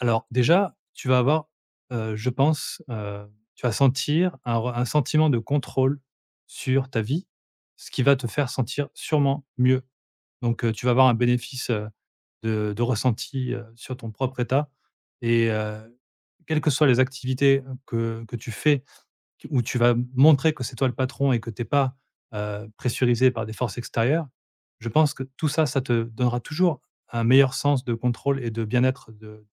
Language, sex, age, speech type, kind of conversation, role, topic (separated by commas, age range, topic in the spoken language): French, male, 40-44, conversation, advice, advisor, Comment gérez-vous les interruptions fréquentes dues aux notifications et à votre smartphone ?